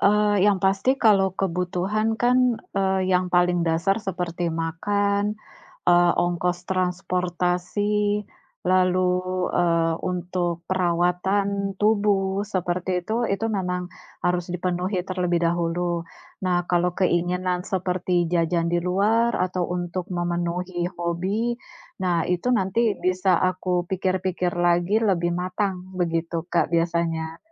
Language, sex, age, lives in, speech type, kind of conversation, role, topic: Indonesian, female, 40-44, Indonesia, conversation, unstructured, none, Bagaimana cara mengatur uang bulanan secara efektif?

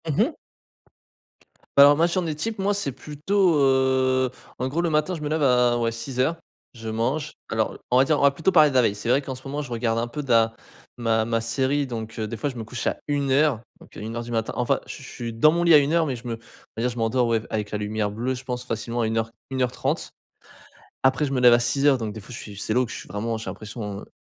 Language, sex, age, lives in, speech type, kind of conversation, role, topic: French, male, 20-24, France, conversation, advice, user, Épuisement persistant malgré le repos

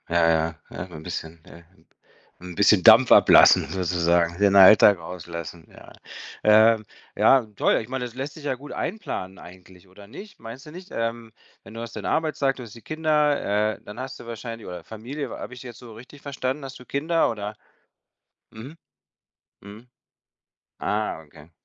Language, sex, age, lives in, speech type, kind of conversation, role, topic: German, male, 50-54, Spain, conversation, advice, advisor, Wie kann ich trotz Arbeit und Familie Zeit für Sport finden?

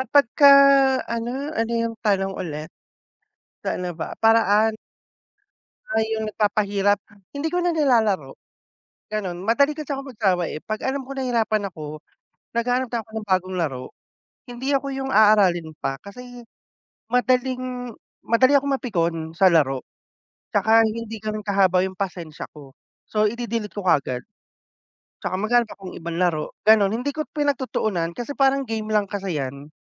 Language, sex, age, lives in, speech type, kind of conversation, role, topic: Filipino, male, 30-34, Philippines, conversation, unstructured, none, Ano ang nararamdaman at ginagawa mo kapag nawawala ang gana mo sa paborito mong libangan at nahihirapan kang ipagpatuloy o paghusayin ito?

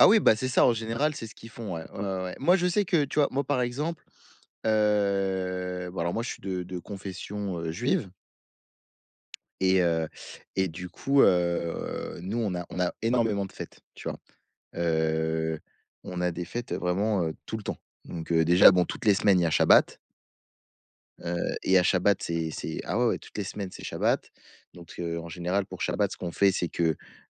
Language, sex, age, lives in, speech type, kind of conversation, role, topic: French, male, 20-24, France, conversation, unstructured, none, Comment célèbres-tu les fêtes culturelles chez toi ?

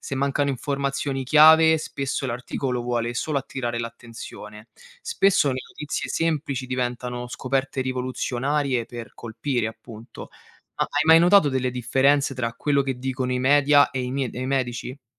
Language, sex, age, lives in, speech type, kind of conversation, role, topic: Italian, male, 25-29, Italy, read, expert-assistant, expert, Quando leggo notizie su nuove terapie, come posso distinguere tra entusiasmo mediatico e prove concrete?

